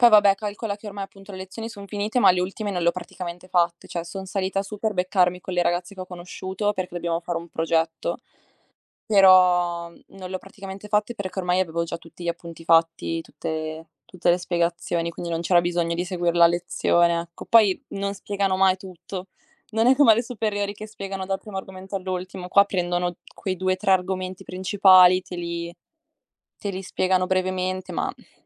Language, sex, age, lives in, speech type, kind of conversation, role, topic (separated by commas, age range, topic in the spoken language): Italian, female, 18-19, Italy, conversation, unstructured, none, Qual è la tua routine mattutina ideale?